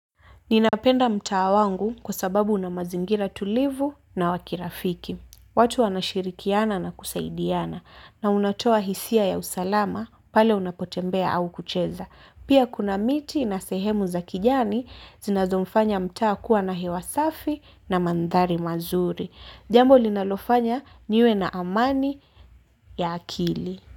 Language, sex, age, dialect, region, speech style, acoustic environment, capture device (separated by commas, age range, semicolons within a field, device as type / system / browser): Swahili, female, 18-24, Kenya - Mombasa, Kenya, happy; relaxed, nature, mobile / Linux / Chrome